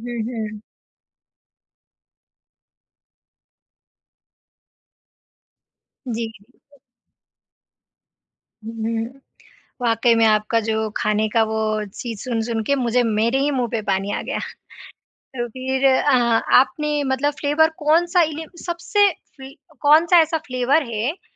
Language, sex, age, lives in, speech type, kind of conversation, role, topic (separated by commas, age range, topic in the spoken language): Hindi, female, 20-24, India, conversation, podcast, host, Kaunsa street food khaake tumhe swaad ko samajhne ka naya nazariya mila?